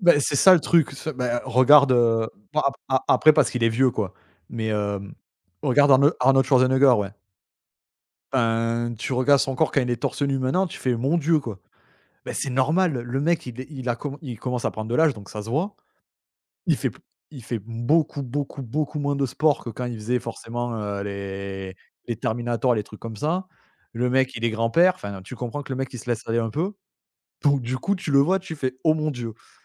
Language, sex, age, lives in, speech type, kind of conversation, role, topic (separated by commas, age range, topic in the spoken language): French, male, 35-39, France, conversation, unstructured, none, Comment choisis-tu une routine d’exercice adaptée à ton rythme ?